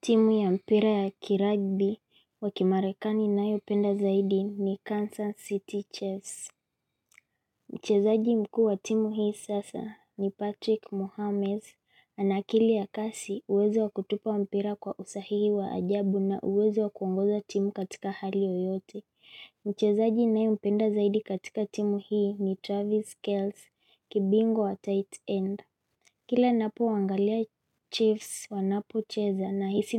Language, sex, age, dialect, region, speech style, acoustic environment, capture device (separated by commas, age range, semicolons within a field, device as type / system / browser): Swahili, female, 25-34, Kenya - Mombasa, Kenya, focused; relaxed, silence, mobile / Linux / Chrome